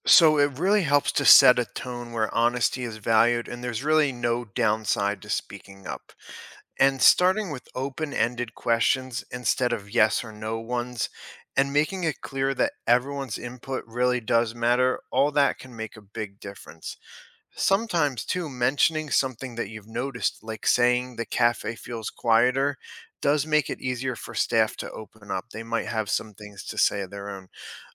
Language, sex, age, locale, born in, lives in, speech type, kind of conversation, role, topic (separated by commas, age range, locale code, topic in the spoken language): English, male, 35-39, en-US, United States, United States, read, expert-assistant, expert, Why do international brands outcompete local businesses?